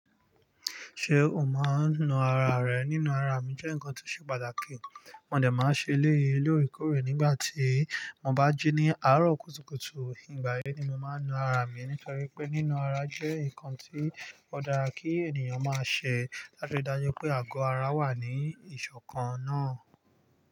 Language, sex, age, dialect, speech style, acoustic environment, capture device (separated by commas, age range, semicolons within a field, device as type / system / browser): Yoruba, male, 25-34, Nigeria - Lagos Yoruba, focused, nature, mobile / Linux / Chrome